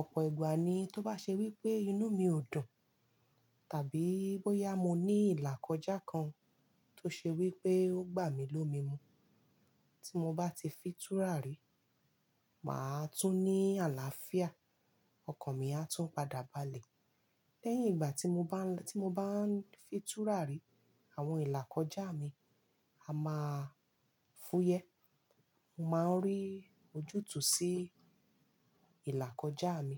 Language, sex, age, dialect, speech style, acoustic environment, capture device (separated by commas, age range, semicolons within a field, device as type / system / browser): Yoruba, female, 35-44, Nigeria - Lagos Yoruba, happy, nature, mobile / Linux / Chrome